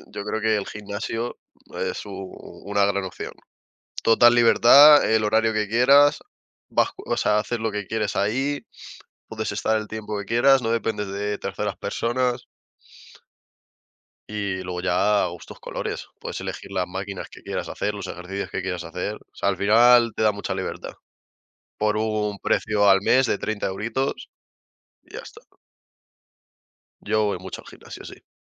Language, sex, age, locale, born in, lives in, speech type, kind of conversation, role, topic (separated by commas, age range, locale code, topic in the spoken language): Spanish, male, 20-24, es-ES, Spain, Spain, conversation, unstructured, none, ¿Qué deporte crees que tiene más potencial para el desarrollo personal?